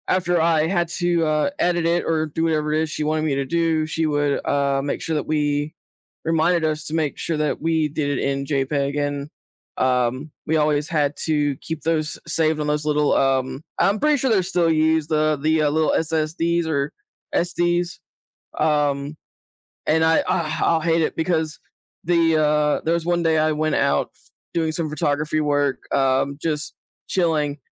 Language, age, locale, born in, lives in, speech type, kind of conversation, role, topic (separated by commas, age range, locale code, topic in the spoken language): English, 30-34, en-US, United States, United States, conversation, unstructured, none, What simple tips help you keep your digital photos and videos organized—and enjoy revisiting memories together?